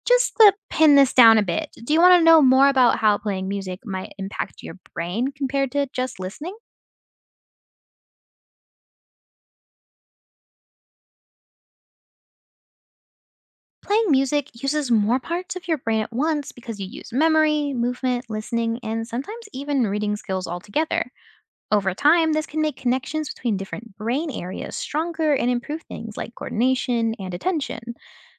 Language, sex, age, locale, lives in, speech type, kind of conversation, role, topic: English, other, 20-24, en-US, United States, read, expert-assistant, expert, What are the psychological effects of music on the brain?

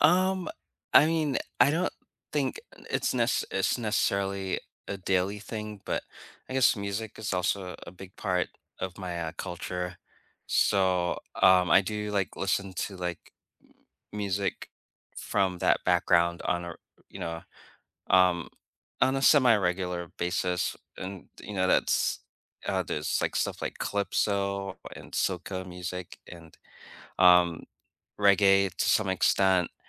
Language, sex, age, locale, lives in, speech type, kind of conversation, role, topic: English, male, 45-49, en-US, United States, conversation, unstructured, none, What everyday rituals, choices, or moments help you keep your cultural or spiritual traditions alive, and what do they mean to you?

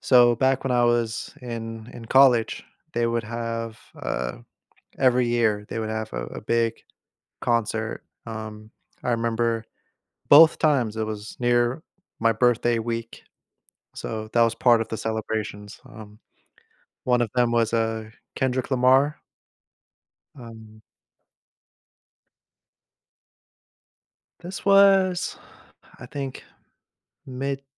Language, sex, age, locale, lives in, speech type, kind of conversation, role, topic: English, male, 30-34, en-US, United States, conversation, podcast, host, How do live concerts shape your connection to music?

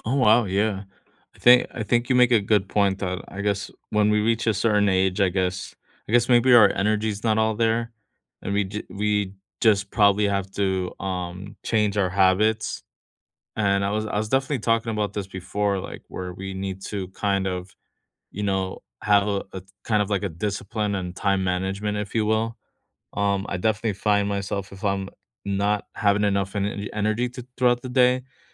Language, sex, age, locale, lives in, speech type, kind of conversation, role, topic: English, male, 35-39, en-US, United States, conversation, unstructured, none, Which childhood hobbies would you revive today, and why?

